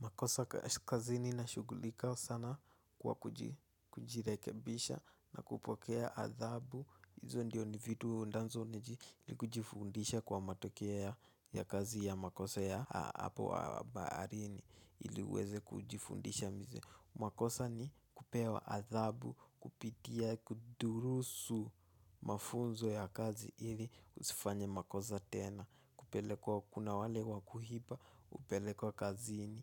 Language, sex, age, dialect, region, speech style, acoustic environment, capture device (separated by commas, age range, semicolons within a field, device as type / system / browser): Swahili, male, 18-24, Kenya - Nairobi Sheng-influenced, Kenya, nervous; excited; focused; relaxed, nature; silence, mobile / Linux / Chrome